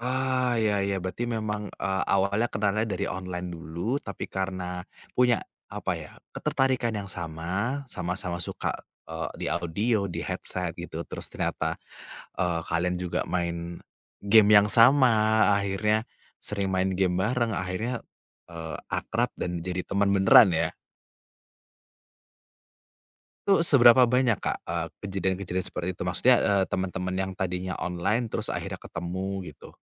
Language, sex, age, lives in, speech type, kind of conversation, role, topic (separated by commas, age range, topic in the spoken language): Indonesian, male, 30-34, Indonesia, conversation, podcast, host, Menurut kamu, apa perbedaan antara pertemanan daring dan luring?